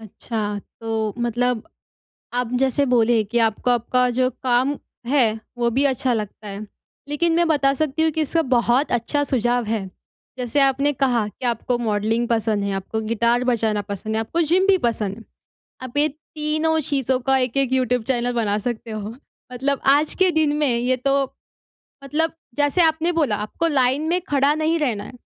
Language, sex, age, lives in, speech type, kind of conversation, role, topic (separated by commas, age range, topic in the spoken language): Hindi, female, 20-24, India, conversation, advice, advisor, मैं अपनी असली रुचियाँ और जुनून कैसे पहचान सकता/सकती हूँ?